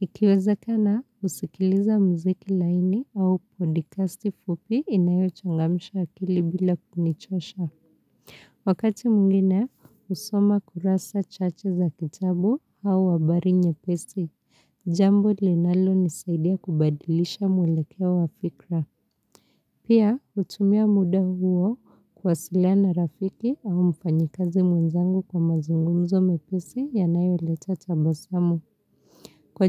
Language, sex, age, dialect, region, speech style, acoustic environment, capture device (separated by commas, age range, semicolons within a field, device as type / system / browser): Swahili, female, 25-34, Kenya - Nairobi Sheng-influenced, Kenya, happy; focused; relaxed, people talking; nature; silence; appliances, mobile / Linux / Chrome